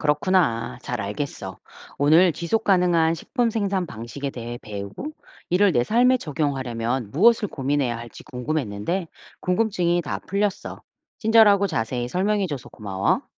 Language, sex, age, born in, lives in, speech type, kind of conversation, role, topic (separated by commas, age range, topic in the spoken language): Korean, female, 45-49, South Korea, United States, read, expert-assistant, asker, 지속 가능한 식품 생산 방식에 대해 배우고, 이를 내 삶에 적용하려면 무엇을 고민해야 할까요?